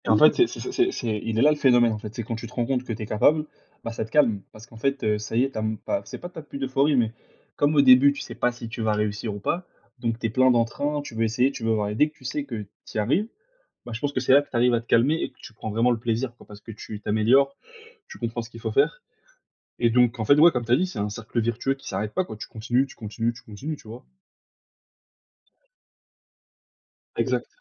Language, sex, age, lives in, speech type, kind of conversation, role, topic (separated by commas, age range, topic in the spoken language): French, male, 20-24, France, conversation, unstructured, none, Comment le sport peut-il améliorer notre humeur, selon toi ?